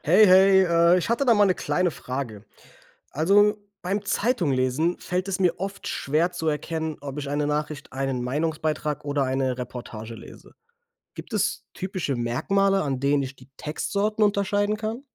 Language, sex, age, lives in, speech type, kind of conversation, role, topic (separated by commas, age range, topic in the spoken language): German, male, 25-29, Germany, read, expert-assistant, asker, Woran erkennt man den Unterschied zwischen Nachricht, Meinungsbeitrag und Reportage?